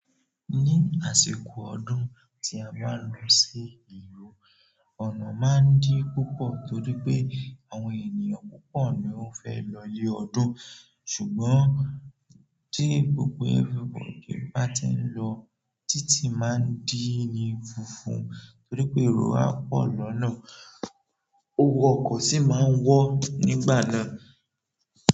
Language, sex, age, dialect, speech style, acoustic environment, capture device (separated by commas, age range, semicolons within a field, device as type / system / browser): Yoruba, male, 18-24, Nigeria - Nigerian Standard, relaxed; focused, nature; people talking, mobile / Android / Chrome